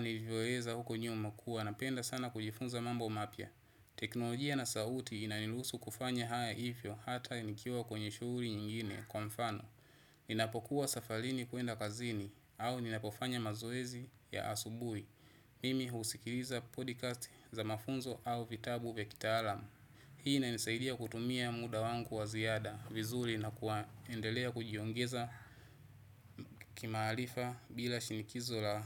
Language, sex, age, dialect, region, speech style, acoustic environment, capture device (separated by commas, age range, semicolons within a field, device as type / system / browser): Swahili, male, 25-34, Tanzania - Dar es Salaam, Tanzania, relaxed, people talking, desktop / Windows / Chrome